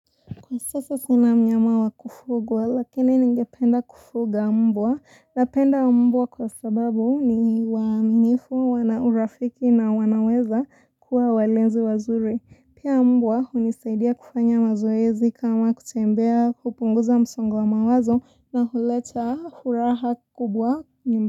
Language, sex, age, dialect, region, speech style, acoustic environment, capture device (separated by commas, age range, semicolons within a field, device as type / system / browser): Swahili, female, 18-24, Kenya - Mombasa, Kenya, relaxed, appliances; people talking, mobile / Linux / Chrome